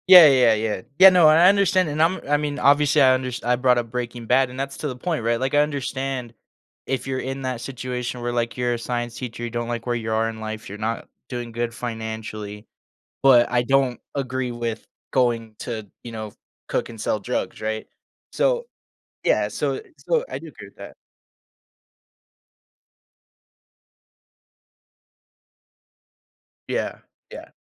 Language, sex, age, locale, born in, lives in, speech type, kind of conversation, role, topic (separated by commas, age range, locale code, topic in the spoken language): English, male, 20-24, en-US, United States, United States, conversation, podcast, host, What makes a story memorable and engaging across different types of media?